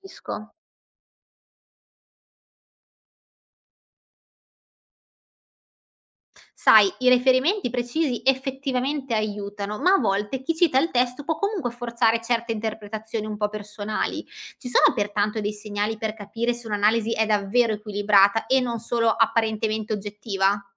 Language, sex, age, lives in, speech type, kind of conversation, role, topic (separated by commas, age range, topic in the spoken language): Italian, female, 25-29, Italy, read, expert-assistant, asker, Quando leggo una recensione su un romanzo, come posso capire se chi scrive ha davvero approfondito il libro o si basa solo su impressioni personali?